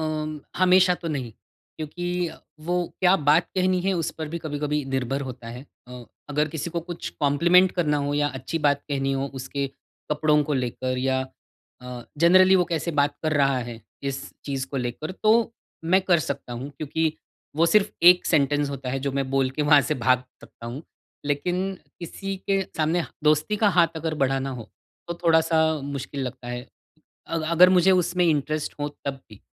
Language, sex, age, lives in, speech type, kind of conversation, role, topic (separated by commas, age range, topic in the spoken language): Hindi, male, 40-44, India, conversation, advice, user, दोस्त बनाने और रिश्ते निभाने में मुझे कठिनाई क्यों होती है?